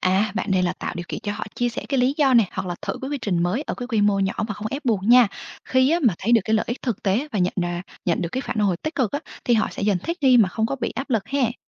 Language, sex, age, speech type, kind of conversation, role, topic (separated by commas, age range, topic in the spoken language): Vietnamese, female, 25-29, read, expert-assistant, expert, Làm sao để xây dựng một quy trình làm việc hiệu quả hơn trong môi trường công chức?